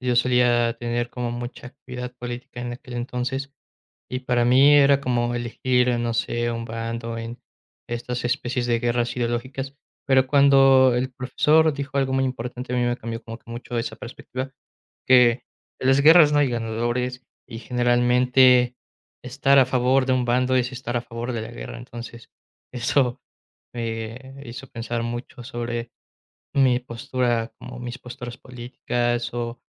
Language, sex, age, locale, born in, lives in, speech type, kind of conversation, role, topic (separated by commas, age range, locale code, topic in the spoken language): Spanish, male, 20-24, es-MX, Mexico, Mexico, conversation, podcast, guest, ¿Qué maestro te marcó y por qué?